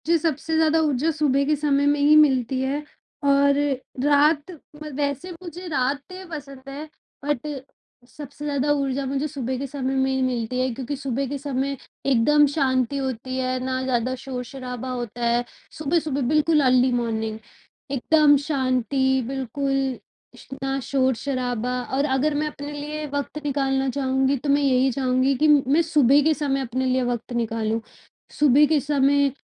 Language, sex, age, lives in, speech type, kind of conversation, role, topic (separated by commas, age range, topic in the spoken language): Hindi, female, 25-29, India, conversation, advice, user, लघु यात्राएँ और छोटे-छोटे विराम मेरे रचनात्मक विचार कैसे बढ़ा सकते हैं?